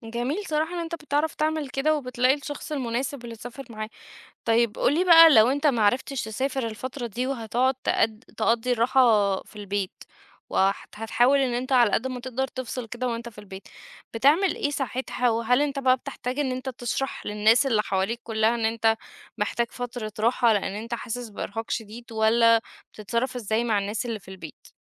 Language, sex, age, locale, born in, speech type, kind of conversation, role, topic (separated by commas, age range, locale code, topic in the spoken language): Arabic, female, 30-34, ar-EG, Egypt, conversation, podcast, host, إيه العلامات اللي بتقول إنك مُرهَق ومحتاج تغيّر؟